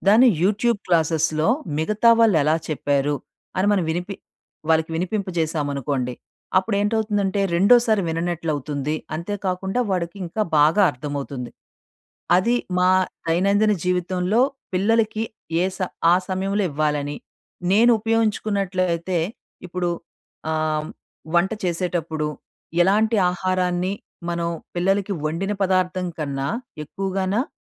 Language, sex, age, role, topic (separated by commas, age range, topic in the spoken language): Telugu, female, 40-44, guest, ఫోన్‌ను ఎక్కువసేపు వాడే అలవాటు పిల్లలపై ఎలాంటి ప్రభావం చూపుతుందని మీరు భావిస్తారు?